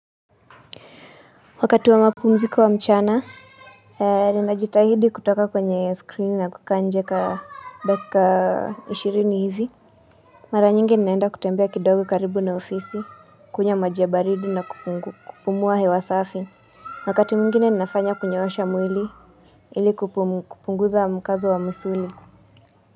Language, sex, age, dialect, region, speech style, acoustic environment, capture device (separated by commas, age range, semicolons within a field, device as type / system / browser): Swahili, female, 25-34, Kenya - Nairobi Sheng-influenced, Kenya, relaxed, people talking, mobile / Linux / Chrome